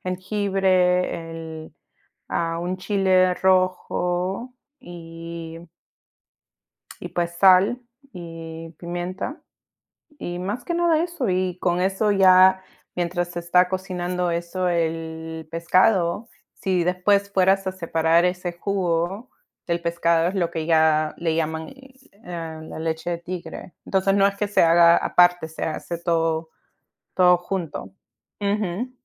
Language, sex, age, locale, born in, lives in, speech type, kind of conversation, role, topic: Spanish, female, 30-34, es-PE, United States, United States, conversation, unstructured, none, ¿Qué comida casera te trae los mejores recuerdos?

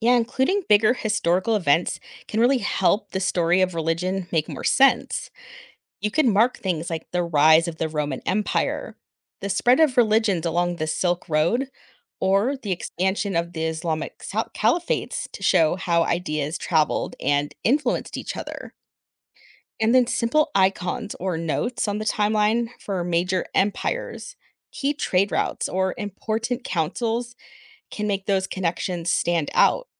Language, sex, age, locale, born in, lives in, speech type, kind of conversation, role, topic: English, female, 40-44, en-US, United States, United States, read, expert-assistant, expert, How can major events in world religious history be organized in a timeline?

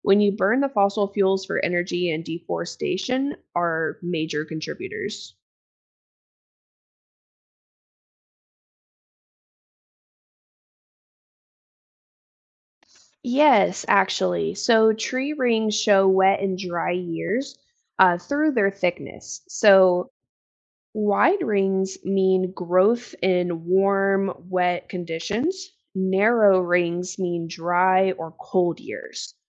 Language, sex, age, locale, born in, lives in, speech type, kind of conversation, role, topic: English, female, 25-29, en-US, United States, United States, read, expert-assistant, expert, How do scientists track climate change over time?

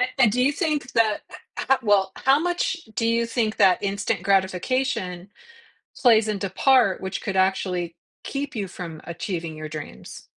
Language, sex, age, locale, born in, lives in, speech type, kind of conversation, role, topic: English, female, 55-59, en-US, United States, United States, conversation, podcast, host, How do your hopes and ambitions shape the way you plan for the future?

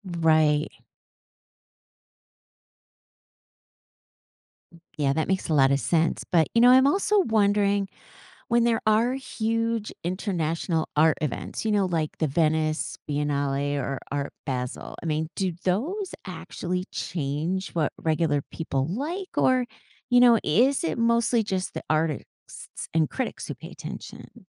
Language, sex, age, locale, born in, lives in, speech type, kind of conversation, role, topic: English, female, 55-59, en-US, United States, United States, read, expert-assistant, asker, Why are some art styles more popular in certain cultures?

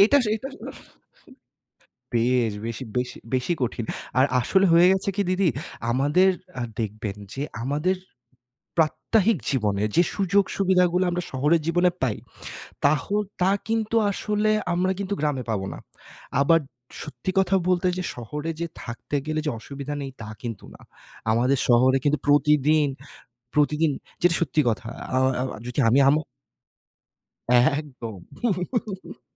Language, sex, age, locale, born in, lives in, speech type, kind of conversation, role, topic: Bengali, male, 30-34, bn-BD, Bangladesh, Bangladesh, conversation, unstructured, none, আপনি শহরে থাকতে বেশি পছন্দ করবেন, নাকি গ্রামে?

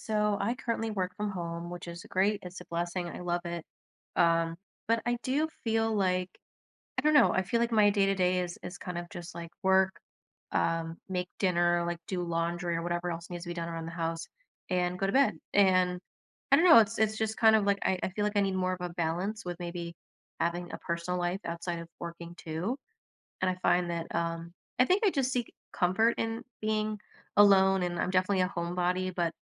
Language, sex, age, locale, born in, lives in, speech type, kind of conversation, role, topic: English, female, 30-34, en-US, United States, United States, conversation, advice, user, How can I set clear boundaries so work doesn't take over my personal life?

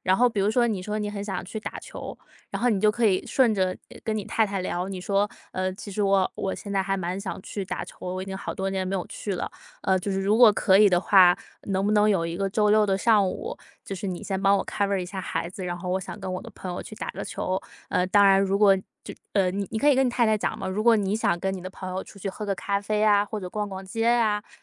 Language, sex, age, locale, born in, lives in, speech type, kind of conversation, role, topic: Chinese, female, 25-29, zh-CN, China, United States, conversation, advice, advisor, 因為照顧家人而長期犧牲自己，我該怎麼找回自己的存在意義？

